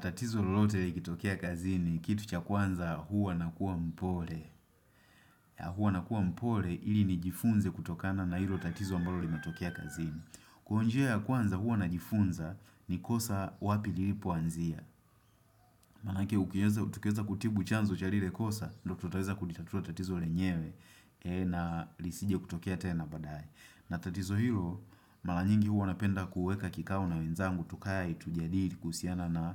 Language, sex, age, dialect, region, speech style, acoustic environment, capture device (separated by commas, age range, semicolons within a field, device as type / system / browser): Swahili, male, 25-34, Tanzania - Dar es Salaam, Tanzania, happy, nature, desktop / Windows / Chrome